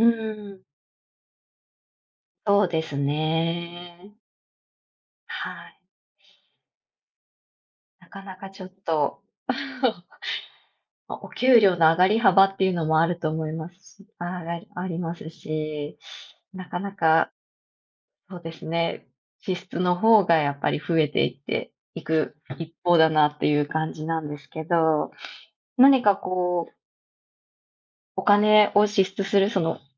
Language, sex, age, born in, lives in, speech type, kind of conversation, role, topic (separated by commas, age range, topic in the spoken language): Japanese, female, 35-39, Japan, Japan, conversation, unstructured, none, 給料が上がっても手元にお金が増えないのはなぜでしょうか？